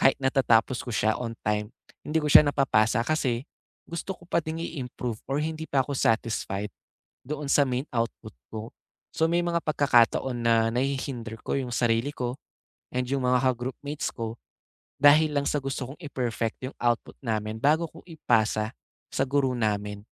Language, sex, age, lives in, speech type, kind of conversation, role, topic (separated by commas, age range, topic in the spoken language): Filipino, male, 20-24, Philippines, conversation, advice, user, Paano mo ilalarawan ang pagiging perpeksiyonista na pumipigil sa iyo na magsimula o makumpleto ang isang gawain?